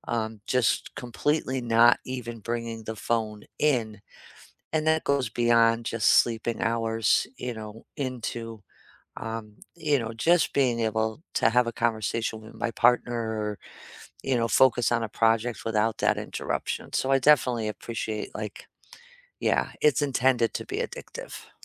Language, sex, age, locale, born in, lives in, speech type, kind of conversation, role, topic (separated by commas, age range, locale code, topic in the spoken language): English, female, 55-59, en-US, United States, United States, conversation, unstructured, none, What has surprised you and what do you regret about switching between an iPhone and an Android phone?